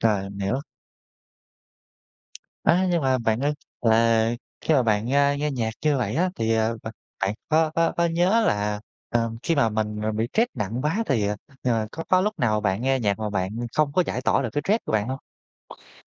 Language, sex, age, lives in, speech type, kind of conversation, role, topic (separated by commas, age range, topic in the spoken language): Vietnamese, male, 30-34, Vietnam, conversation, podcast, host, Sở thích nào giúp bạn giảm căng thẳng nhất, và vì sao?